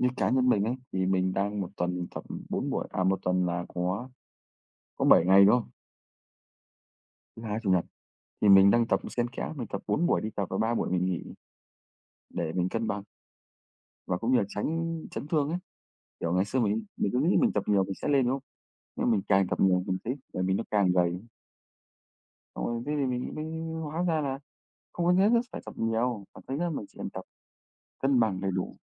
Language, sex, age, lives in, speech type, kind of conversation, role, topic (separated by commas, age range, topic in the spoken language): Vietnamese, male, 25-29, Vietnam, conversation, unstructured, none, Bạn có lo lắng về tác hại của việc tập luyện quá sức không?